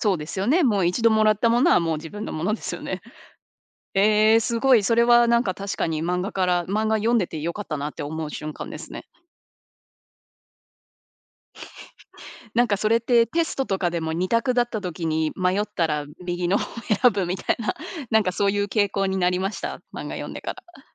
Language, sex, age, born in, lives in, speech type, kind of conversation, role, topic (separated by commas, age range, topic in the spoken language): Japanese, female, 30-34, Japan, United States, conversation, podcast, host, どんな漫画や本にハマっていましたか？